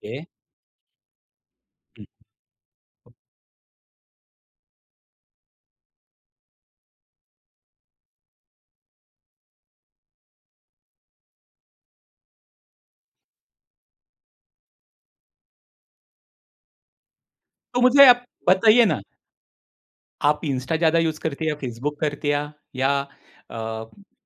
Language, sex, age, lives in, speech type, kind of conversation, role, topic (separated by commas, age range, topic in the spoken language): Hindi, male, 50-54, India, conversation, podcast, host, Kya tumhe lagta hai ki samajik madhyam se sachchi bonding ho sakti hai?